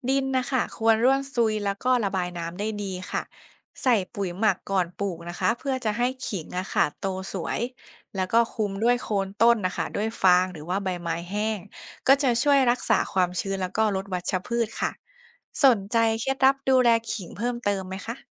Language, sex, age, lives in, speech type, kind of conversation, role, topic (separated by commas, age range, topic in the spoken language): Thai, female, 25-29, Thailand, read, expert-assistant, expert, มีไอเดียอะไรบ้างที่จะทำให้เกษตรกรมีรายได้ดีขึ้นโดยไม่ทำลายธรรมชาติ?